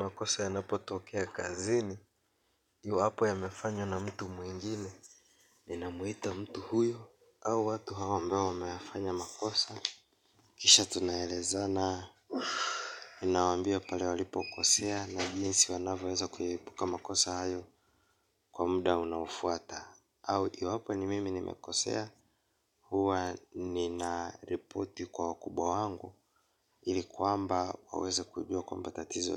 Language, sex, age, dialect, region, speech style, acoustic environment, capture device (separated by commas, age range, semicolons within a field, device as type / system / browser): Swahili, male, 25-34, Kenya - Mombasa, Kenya, relaxed, nature, mobile / Linux / Chrome